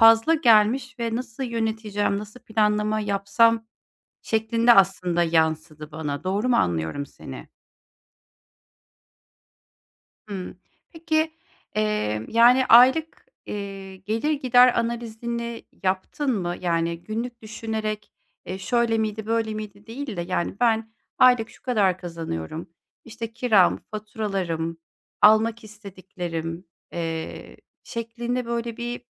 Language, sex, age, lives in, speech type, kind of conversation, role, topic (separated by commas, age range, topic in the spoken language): Turkish, female, 40-44, Germany, conversation, advice, advisor, Bilinmezlikle karşılaştığımda karar verme sürecimi nasıl daha iyi yönetebilirim?